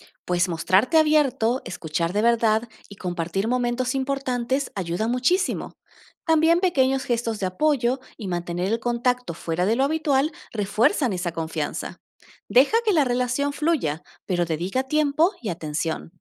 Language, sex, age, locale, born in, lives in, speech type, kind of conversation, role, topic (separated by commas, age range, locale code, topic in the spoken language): Spanish, female, 30-34, es-AR, Argentina, Netherlands, read, expert-assistant, expert, ¿Cuáles son las ventajas y desventajas de tener un grupo amplio de amigos en comparación con pocos amigos cercanos?